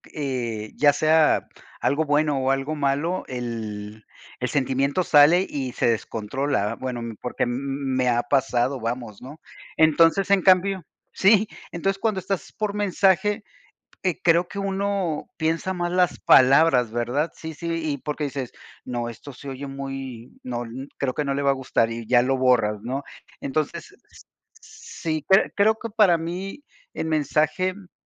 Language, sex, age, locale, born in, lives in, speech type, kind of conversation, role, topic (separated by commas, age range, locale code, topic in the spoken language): Spanish, male, 55-59, es-MX, Mexico, United States, conversation, unstructured, none, ¿Cómo expresas tus sentimientos sin herir a los demás?